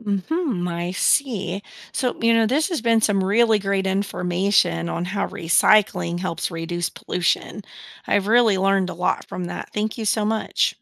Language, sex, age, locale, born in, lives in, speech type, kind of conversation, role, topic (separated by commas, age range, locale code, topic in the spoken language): English, female, 35-39, en-US, United States, United States, read, expert-assistant, asker, How does recycling help reduce pollution?